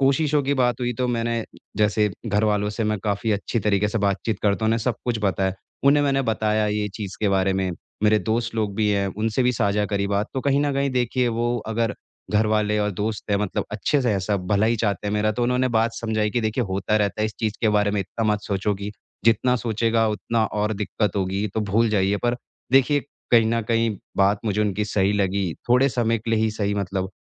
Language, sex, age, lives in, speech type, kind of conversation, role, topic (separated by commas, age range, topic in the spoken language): Hindi, male, 25-29, India, conversation, advice, user, मैं शर्मिंदगी से उबरकर अपना आत्मविश्वास कैसे वापस पा सकता/सकती हूँ?